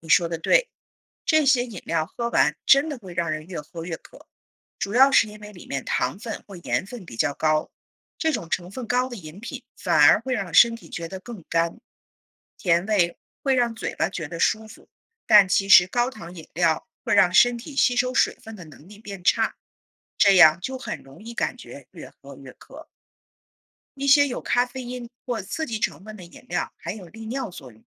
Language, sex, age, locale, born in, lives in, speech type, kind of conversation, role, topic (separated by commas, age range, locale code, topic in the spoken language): Chinese, female, 40-44, zh-CN, China, United States, read, expert-assistant, expert, 请解释为什么夏天容易出汗。